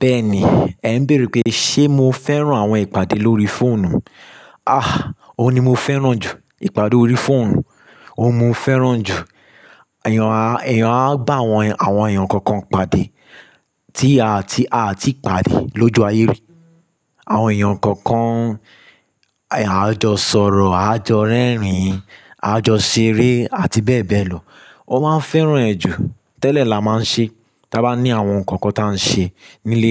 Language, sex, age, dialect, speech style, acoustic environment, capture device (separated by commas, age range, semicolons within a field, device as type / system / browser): Yoruba, male, 25-34, Nigeria - Lagos Yoruba, relaxed, construction; silence, mobile / Linux / Chrome